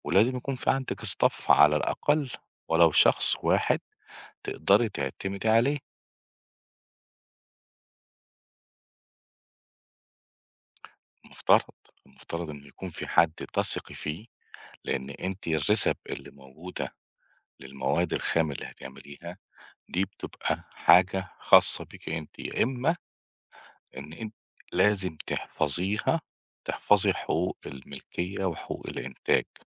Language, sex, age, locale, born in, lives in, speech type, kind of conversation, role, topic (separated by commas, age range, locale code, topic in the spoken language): Arabic, male, 45-49, ar-EG, Egypt, Portugal, conversation, advice, advisor, إزاي بتوصّف إحساسك بالإرهاق بعد مشروع كبير، وإزاي ده بيأثر على قدرتك تبتكر أفكار جديدة؟